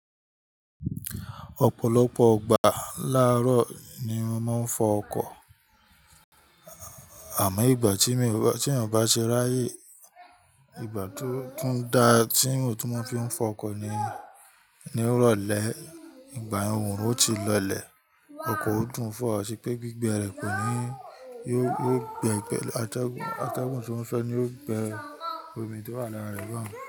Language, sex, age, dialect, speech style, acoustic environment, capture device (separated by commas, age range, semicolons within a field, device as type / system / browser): Yoruba, male, 25-34, Nigeria - Ibadan, happy, nature; people talking, mobile / Linux / Chrome